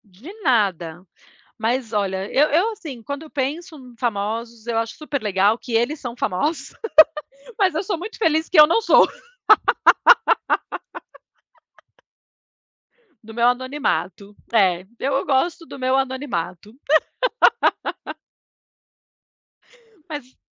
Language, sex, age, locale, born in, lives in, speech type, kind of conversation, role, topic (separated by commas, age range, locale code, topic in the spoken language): Portuguese, female, 40-44, pt-BR, Brazil, United States, conversation, unstructured, none, Você acredita que a fama pode atrapalhar a vida pessoal?